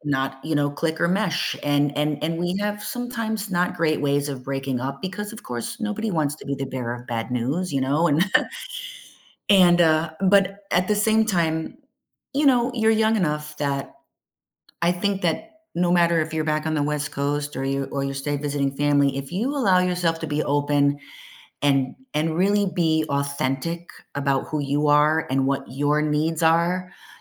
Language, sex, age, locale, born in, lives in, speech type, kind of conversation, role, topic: English, female, 50-54, en-US, United States, United States, conversation, advice, advisor, How can I cope with loneliness and start reconnecting after a breakup?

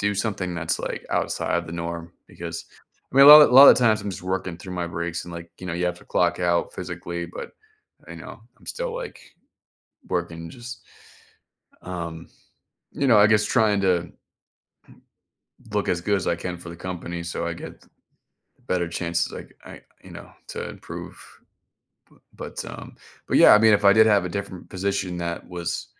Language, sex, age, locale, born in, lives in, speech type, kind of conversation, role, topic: English, male, 30-34, en-US, United States, United States, conversation, advice, user, How can I break out of a monotonous routine and feel motivated again?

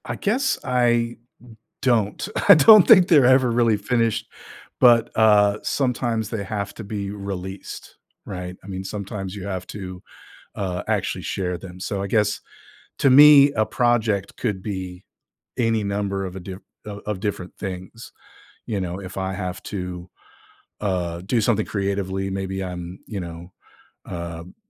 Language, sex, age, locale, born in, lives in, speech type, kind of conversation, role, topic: English, male, 50-54, en-US, United States, United States, conversation, podcast, guest, How do you decide when a project is finished?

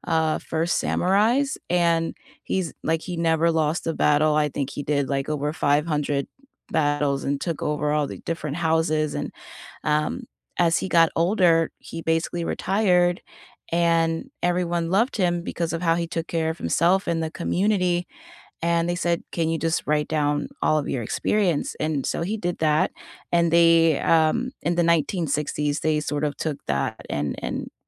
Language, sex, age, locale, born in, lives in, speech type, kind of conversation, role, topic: English, female, 30-34, en-US, United States, United States, conversation, unstructured, none, What is one simple habit that improved your life this year?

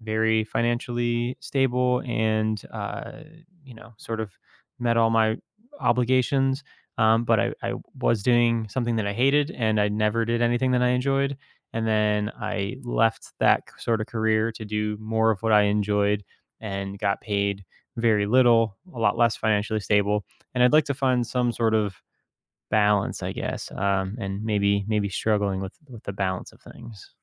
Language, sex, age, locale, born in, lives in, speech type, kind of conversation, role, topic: English, male, 30-34, en-US, United States, United States, conversation, advice, user, How can I pursue my passion without sacrificing financial stability?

